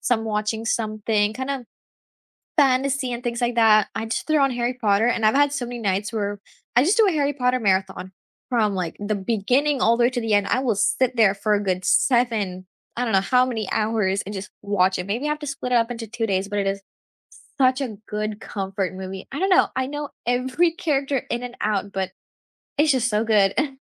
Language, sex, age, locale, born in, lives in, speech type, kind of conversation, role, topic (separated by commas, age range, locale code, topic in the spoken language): English, female, 18-19, en-US, India, United States, conversation, unstructured, none, Which movie scenes do you rewatch for comfort or inspiration, and what makes them special to you?